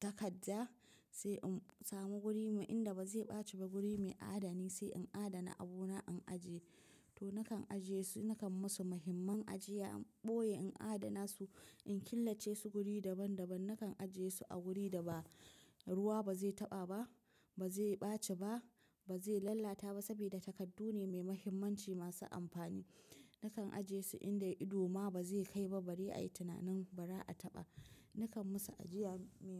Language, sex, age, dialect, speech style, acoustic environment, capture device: Hausa, female, 25-34, Nigeria - Nigerian Standard, tired; relaxed; focused, appliances; nature; silence, mobile / macOS / Safari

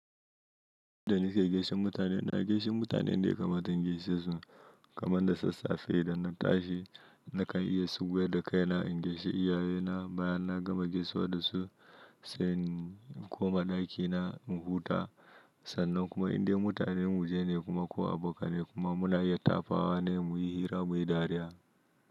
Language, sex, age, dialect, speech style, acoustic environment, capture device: Hausa, male, 25-34, Nigeria - Nigerian Standard, relaxed, silence; nature, mobile / Linux / Chrome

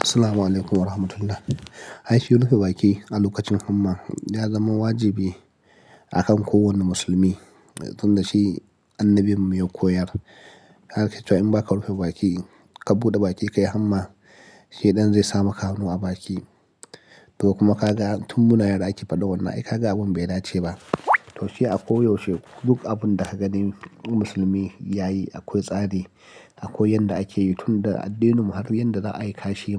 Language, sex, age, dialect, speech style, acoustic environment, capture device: Hausa, male, 35-44, Nigeria - Nigerian Standard, happy, silence, mobile / Linux / Chrome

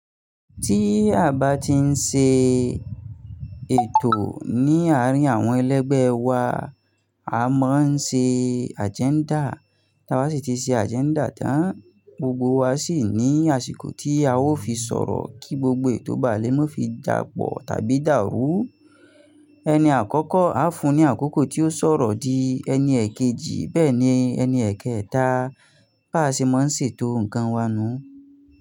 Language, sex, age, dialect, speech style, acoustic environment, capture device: Yoruba, male, 25-34, Nigeria - Nigerian Standard, focused, nature; silence, mobile / Linux / Chrome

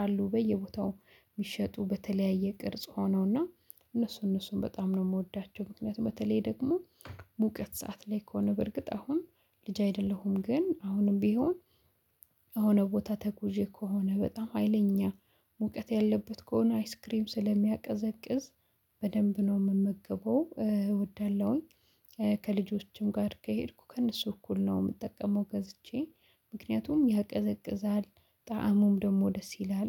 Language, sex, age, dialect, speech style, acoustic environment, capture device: Amharic, female, 25-34, Ethiopia - Addis Ababa, excited, silence, mobile / Linux / Chrome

